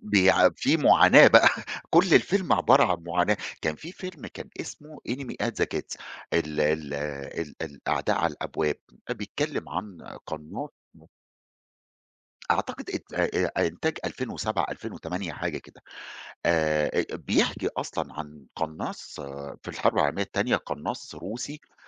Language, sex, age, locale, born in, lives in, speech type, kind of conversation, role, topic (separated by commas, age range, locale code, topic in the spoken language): Arabic, male, 40-44, ar-EG, Egypt, Egypt, conversation, podcast, guest, إيه اللي بيخلّي نهاية فيلم تبقى مُرضية؟